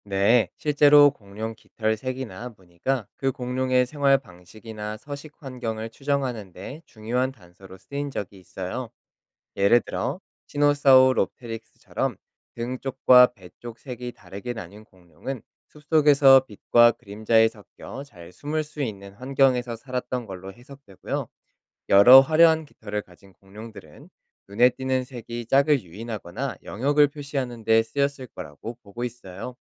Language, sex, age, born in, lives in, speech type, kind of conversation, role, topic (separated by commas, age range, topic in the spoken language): Korean, male, 30-34, South Korea, South Korea, read, expert-assistant, expert, 새는 정말 공룡의 후손인가요?